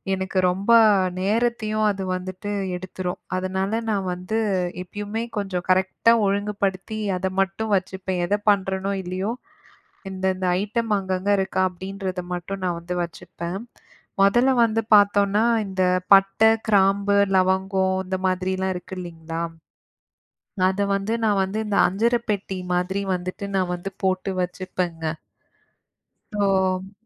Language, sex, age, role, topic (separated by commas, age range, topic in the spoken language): Tamil, female, 35-39, guest, பேன்ட்ரியை எளிய முறையில் எப்படி ஒழுங்குபடுத்துவீர்கள்?